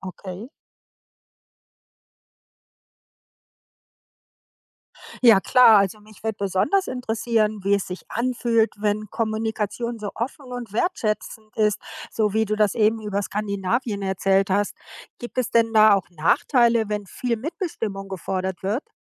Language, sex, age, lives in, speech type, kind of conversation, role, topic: German, female, 55-59, United States, read, expert-assistant, asker, Ist es wirklich wahr, dass gute Kommunikation mit Patienten deren Genesung spürbar verbessert?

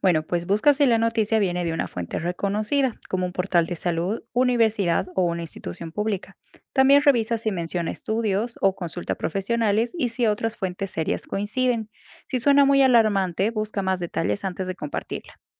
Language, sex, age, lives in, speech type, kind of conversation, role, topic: Spanish, female, 25-29, United States, read, expert-assistant, expert, ¿Cómo sabes si una noticia sobre administración hospitalaria es completa y de confianza?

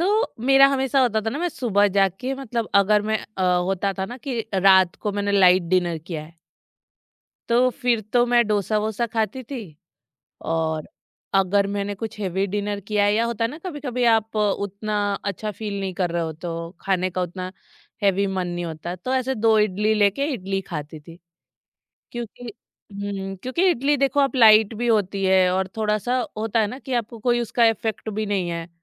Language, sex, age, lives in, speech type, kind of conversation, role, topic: Hindi, female, 30-34, India, conversation, podcast, guest, Tum apne doston ko apne culture ka khaana kaise chakhate ho?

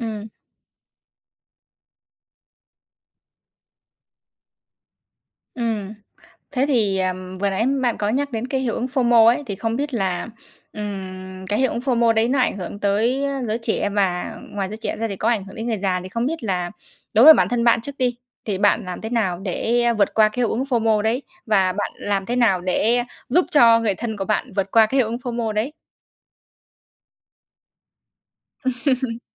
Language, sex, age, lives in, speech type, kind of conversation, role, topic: Vietnamese, female, 20-24, Vietnam, conversation, podcast, host, Bạn sử dụng mạng xã hội như thế nào trong đời sống hằng ngày?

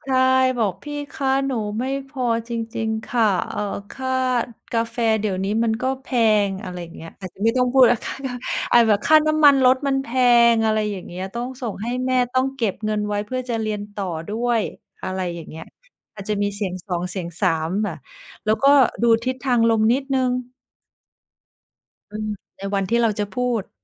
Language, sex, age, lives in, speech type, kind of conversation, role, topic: Thai, female, 45-49, Thailand, conversation, unstructured, none, ถ้าคุณต้องเจรจาเรื่องเงินเดือน คุณจะเตรียมตัวอย่างไร?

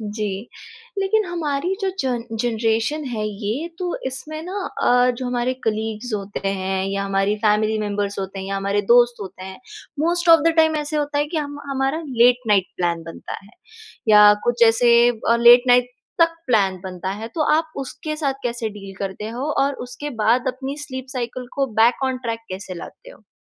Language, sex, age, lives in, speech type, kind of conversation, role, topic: Hindi, female, 20-24, India, conversation, podcast, host, Sleep routine badal kar tumne apni mental health mein kya-kya faayde dekhe?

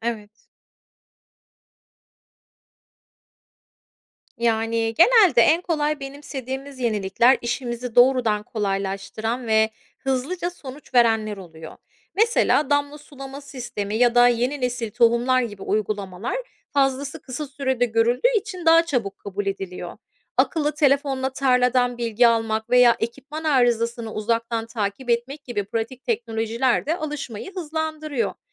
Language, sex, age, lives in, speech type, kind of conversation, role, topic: Turkish, female, 35-39, United States, read, expert-assistant, asker, Tarımda yapay zekâ ve otomasyonun artması, çiftçiliğin ve insan emeğinin anlamını nasıl değiştiriyor?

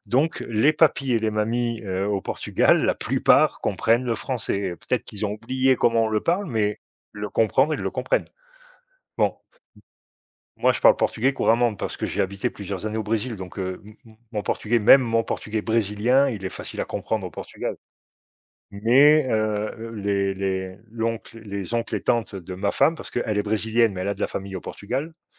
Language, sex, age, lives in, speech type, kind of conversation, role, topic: French, male, 50-54, Portugal, conversation, unstructured, none, Quelle est la découverte la plus surprenante que tu aies faite en voyage ?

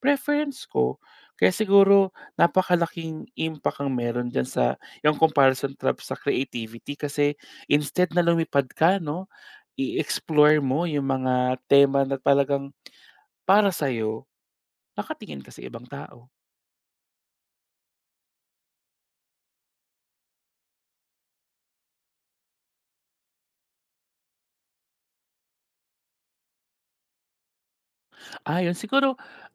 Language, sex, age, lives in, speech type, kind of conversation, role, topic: Filipino, male, 30-34, Philippines, conversation, podcast, guest, Paano mo hinaharap ang pagkukumpara sa sarili mo sa social media habang lumilikha ka?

